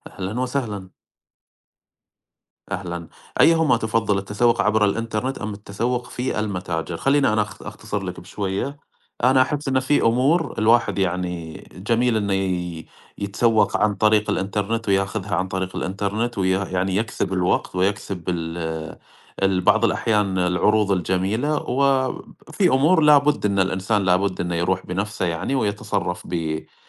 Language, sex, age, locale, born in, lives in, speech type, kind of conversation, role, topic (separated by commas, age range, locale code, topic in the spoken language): Arabic, male, 30-34, ar-SA, Saudi Arabia, United States, conversation, unstructured, none, إنت بتفضّل التسوق أونلاين ولا من المحلات؟